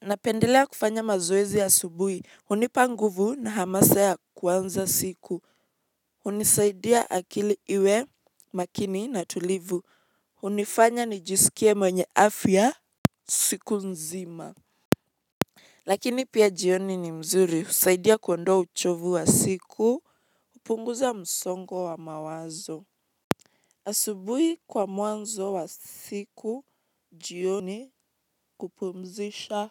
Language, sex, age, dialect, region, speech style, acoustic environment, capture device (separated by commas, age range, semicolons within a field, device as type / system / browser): Swahili, female, 18-24, Kenya - Mombasa, Kenya, relaxed; focused, nature, mobile / Linux / Chrome